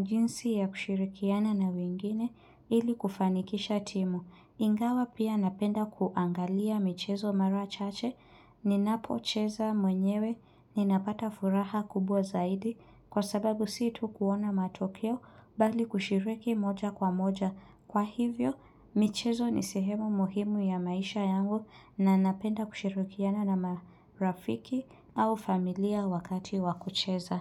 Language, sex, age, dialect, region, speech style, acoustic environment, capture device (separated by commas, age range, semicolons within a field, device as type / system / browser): Swahili, female, 25-34, Kenya - Nairobi Sheng-influenced, Kenya, relaxed, silence, mobile / Linux / Chrome